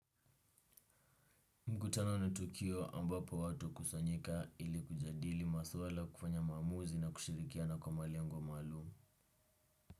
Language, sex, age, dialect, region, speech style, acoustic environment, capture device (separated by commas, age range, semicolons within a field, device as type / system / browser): Swahili, male, 18-24, Kenya - Nairobi Sheng-influenced, Kenya, relaxed, nature, desktop / Windows / Chrome